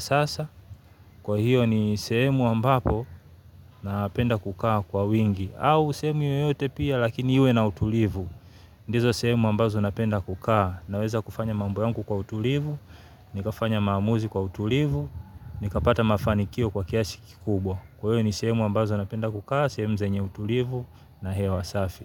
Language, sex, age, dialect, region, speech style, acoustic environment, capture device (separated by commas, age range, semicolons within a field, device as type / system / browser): Swahili, male, 35-44, Tanzania - Dar es Salaam, Tanzania, happy; relaxed; focused, nature, mobile / Linux / Chrome